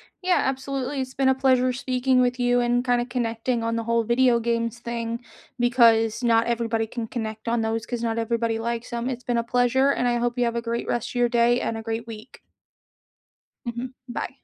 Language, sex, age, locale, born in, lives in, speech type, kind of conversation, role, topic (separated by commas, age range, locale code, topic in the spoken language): English, female, 30-34, en-US, United States, United States, conversation, unstructured, none, Which childhood games or hobbies would you love to revisit today?